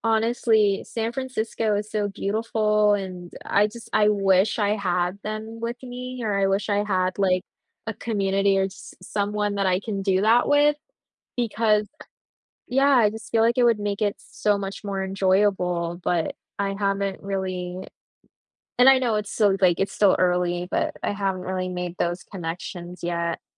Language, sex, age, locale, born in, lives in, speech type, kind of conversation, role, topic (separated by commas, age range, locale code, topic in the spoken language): English, female, 20-24, en-US, United States, United States, conversation, advice, user, How can I cope with loneliness after moving to a new city?